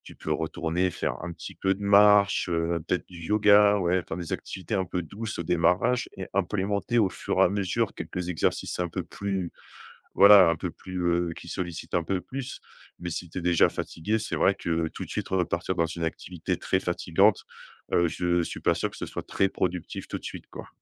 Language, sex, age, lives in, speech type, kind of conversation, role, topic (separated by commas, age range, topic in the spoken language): French, male, 30-34, France, conversation, advice, advisor, Comment puis-je retrouver l’énergie pour faire de l’exercice régulièrement ?